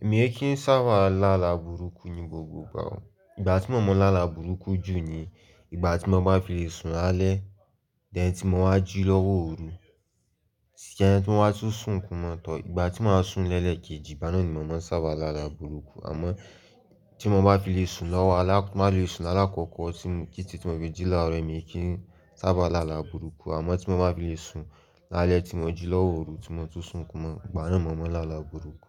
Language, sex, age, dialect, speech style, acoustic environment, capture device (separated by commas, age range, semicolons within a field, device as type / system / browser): Yoruba, male, 18-24, Nigeria - Lagos, anxious, silence, mobile / Linux / Chrome